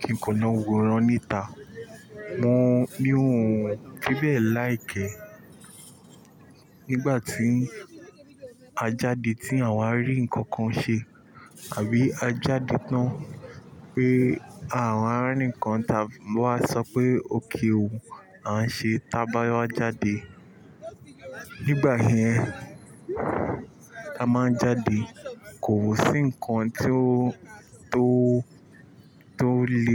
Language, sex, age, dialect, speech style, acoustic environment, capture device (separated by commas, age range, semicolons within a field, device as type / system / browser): Yoruba, male, 25-34, Nigeria - Nigerian Standard, relaxed, construction, mobile / Linux / Chrome